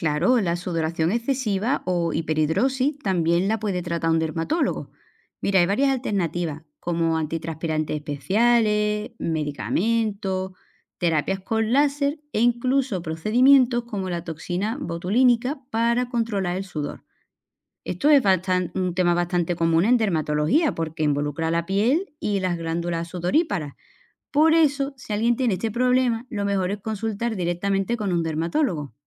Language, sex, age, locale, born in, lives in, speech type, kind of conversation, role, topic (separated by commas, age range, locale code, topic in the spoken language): Spanish, female, 35-39, es-ES, Spain, Portugal, read, expert-assistant, expert, ¿Qué tipos de problemas pueden atender los dermatólogos?